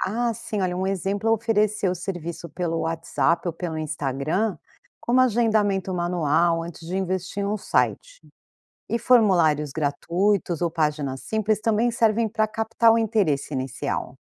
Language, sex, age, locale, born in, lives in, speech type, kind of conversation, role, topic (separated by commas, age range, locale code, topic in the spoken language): Portuguese, female, 45-49, pt-BR, Brazil, United States, read, expert-assistant, expert, O que significa, na prática, ser empreendedor e como isso se diferencia de apenas abrir um negócio?